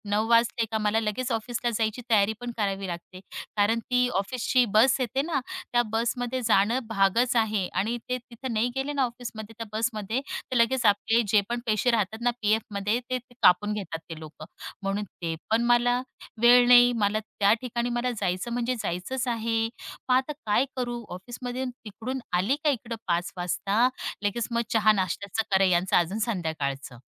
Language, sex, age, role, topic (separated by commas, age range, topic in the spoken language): Marathi, female, 35-39, guest, कुटुंब आणि करिअर यांच्यात समतोल कसा साधता?